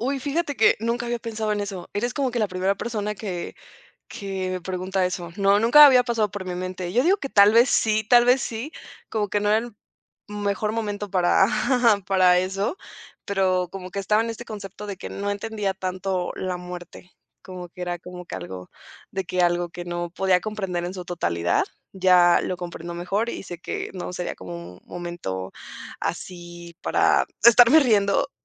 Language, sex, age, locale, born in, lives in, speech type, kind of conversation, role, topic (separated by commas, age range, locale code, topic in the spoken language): Spanish, female, 20-24, es-MX, Mexico, Mexico, conversation, podcast, guest, ¿Qué importancia tiene el tono al decir la verdad?